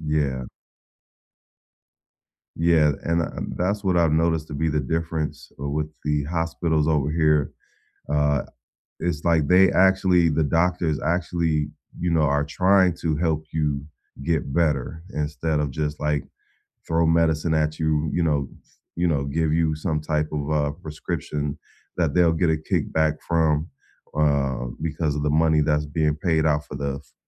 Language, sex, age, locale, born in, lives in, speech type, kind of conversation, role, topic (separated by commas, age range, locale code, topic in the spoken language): English, male, 45-49, en-US, United States, United States, conversation, unstructured, none, How do you balance pay, prices, and what feels fair at work?